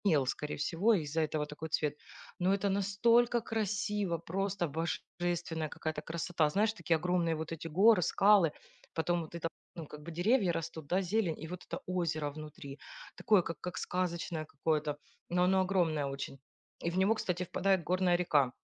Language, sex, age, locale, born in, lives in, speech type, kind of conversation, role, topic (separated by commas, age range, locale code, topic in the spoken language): Russian, female, 40-44, ru-RU, Ukraine, Spain, conversation, unstructured, none, Какой сезон вам ближе: лето или зима?